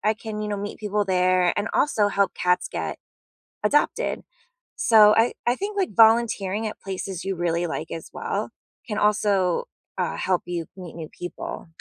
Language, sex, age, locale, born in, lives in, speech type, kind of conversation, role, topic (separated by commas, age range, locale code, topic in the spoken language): English, female, 35-39, en-US, United States, United States, conversation, unstructured, none, What has worked for you when making new friends as an adult?